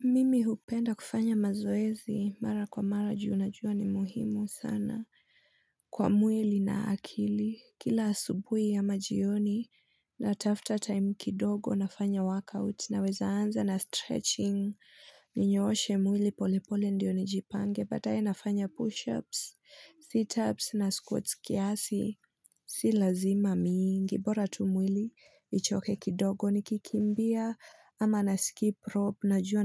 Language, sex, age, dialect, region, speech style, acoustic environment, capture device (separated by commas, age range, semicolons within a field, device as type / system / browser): Swahili, female, 25-34, Kenya - Nairobi Sheng-influenced, Kenya, happy, silence, mobile / Linux / Chrome